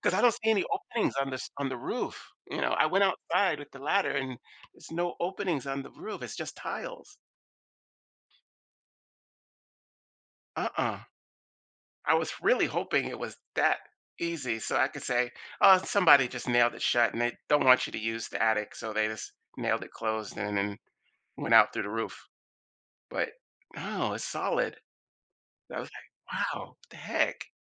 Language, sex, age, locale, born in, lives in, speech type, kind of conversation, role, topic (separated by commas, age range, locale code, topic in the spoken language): English, male, 55-59, en-US, United States, United States, conversation, advice, user, How can I start opening up to others?